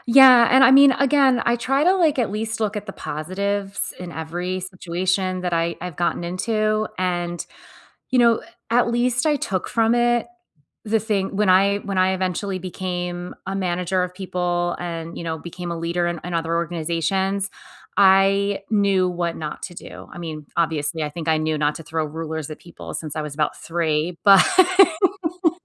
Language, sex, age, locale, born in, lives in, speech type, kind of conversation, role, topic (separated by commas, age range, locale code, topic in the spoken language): English, female, 45-49, en-US, United States, United States, conversation, unstructured, none, Have you ever been stuck in a toxic work environment?